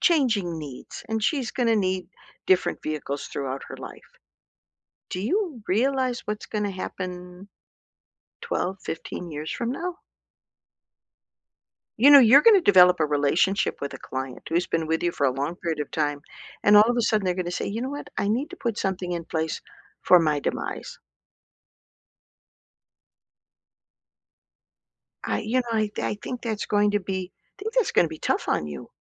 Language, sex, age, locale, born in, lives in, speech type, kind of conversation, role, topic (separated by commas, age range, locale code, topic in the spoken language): English, female, 60-64, en-US, United States, United States, conversation, unstructured, none, What’s something about your job that makes you happy?